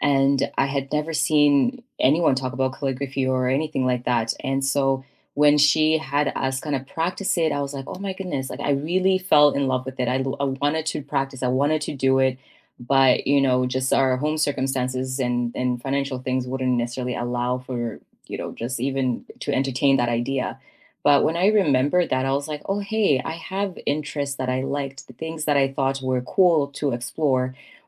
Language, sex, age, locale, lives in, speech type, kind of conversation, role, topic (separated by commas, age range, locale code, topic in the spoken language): English, female, 30-34, en-CA, Canada, conversation, unstructured, none, What are you working toward in your life right now, and what inspires you to pursue it?